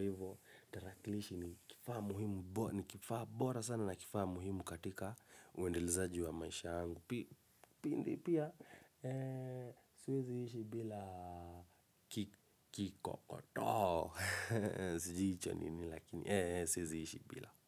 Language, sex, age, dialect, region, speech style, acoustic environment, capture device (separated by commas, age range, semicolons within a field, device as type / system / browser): Swahili, male, 25-34, Kenya - Nairobi Sheng-influenced, Kenya, relaxed, silence, mobile / Linux / Chrome